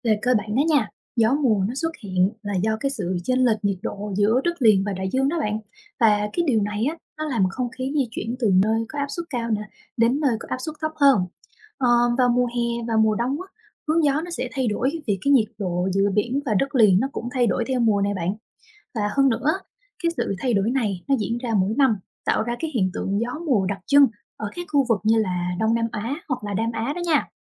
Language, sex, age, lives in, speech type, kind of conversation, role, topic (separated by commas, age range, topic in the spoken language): Vietnamese, female, 25-29, Vietnam, read, expert-assistant, expert, Vì sao có hiện tượng gió mùa?